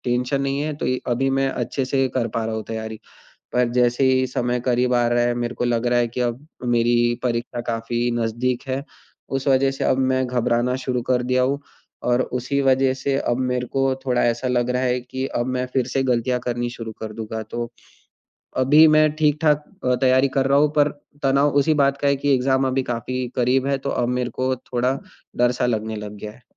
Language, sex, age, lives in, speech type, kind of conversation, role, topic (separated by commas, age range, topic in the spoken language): Hindi, male, 20-24, India, conversation, advice, user, मैं अस्वीकृति के डर को कैसे संभालूं और आगे कैसे बढ़ूं?